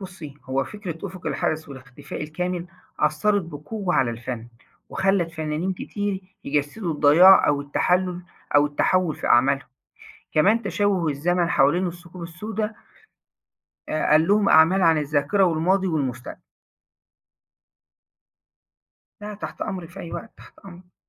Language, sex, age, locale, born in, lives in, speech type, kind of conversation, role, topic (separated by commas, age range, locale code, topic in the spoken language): Arabic, male, 40-44, ar-EG, Egypt, Egypt, read, expert-assistant, expert, ليه الناس عندها فضول كبير عن الثقوب السودا؟ وهل النظرة ليها بتختلف من مجتمع للتاني؟